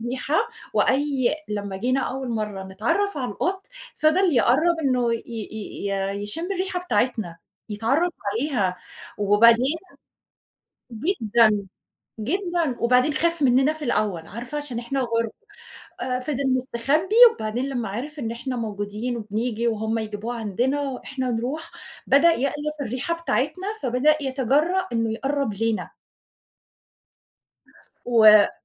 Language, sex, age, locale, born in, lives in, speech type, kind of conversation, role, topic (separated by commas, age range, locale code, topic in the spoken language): Arabic, female, 40-44, ar-EG, Egypt, Portugal, conversation, unstructured, none, إزاي نختار الحيوان الأليف المناسب للبيت؟